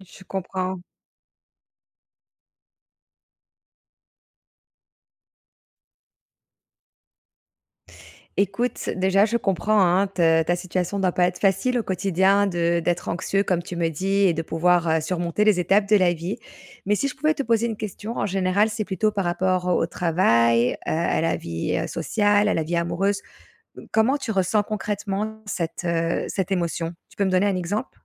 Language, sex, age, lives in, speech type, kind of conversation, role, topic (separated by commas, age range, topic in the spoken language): French, female, 35-39, Spain, conversation, advice, advisor, Comment arrêter de ruminer pour résoudre mes vrais problèmes et agir efficacement sans rester paralysé par l’anxiété ?